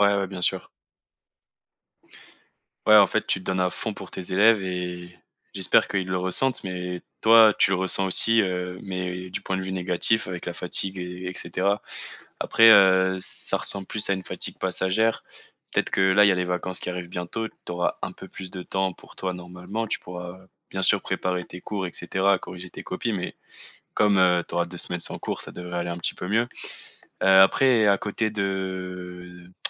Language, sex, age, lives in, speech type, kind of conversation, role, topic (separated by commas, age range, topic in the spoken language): French, male, 20-24, France, conversation, advice, advisor, Comment identifier les signes physiques et émotionnels de l’épuisement, et savoir s’il s’agit d’une fatigue normale ou d’un burnout ?